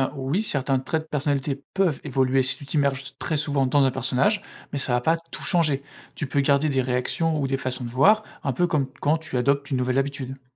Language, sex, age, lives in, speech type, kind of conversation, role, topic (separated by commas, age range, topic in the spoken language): French, male, 25-29, France, read, expert-assistant, expert, Est-ce que s’imaginer dans la peau d’un personnage peut changer notre façon de voir la vie ?